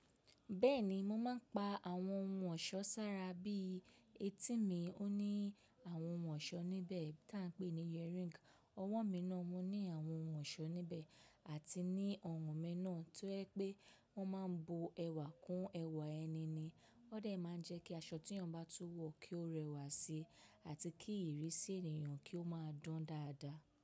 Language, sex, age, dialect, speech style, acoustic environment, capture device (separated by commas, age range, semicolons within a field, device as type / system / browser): Yoruba, female, 25-34, Nigeria - Lagos Yoruba, relaxed; focused, nature, mobile / macOS / Safari